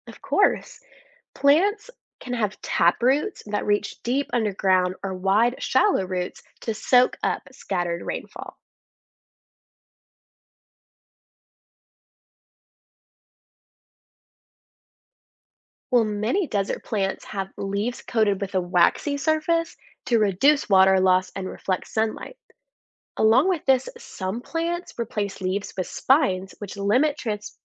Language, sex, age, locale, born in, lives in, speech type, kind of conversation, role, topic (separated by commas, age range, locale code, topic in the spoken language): English, female, 20-24, en-US, United States, United States, read, expert-assistant, expert, How do plants grow in the desert?